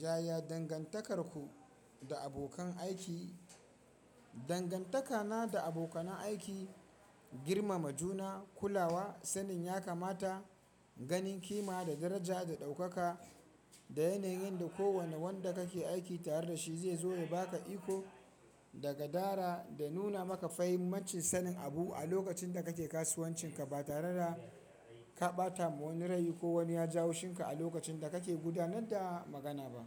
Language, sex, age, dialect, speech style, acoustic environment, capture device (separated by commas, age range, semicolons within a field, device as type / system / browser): Hausa, male, 25-34, Nigeria - Kano, happy; excited, people talking, mobile / Linux / Chrome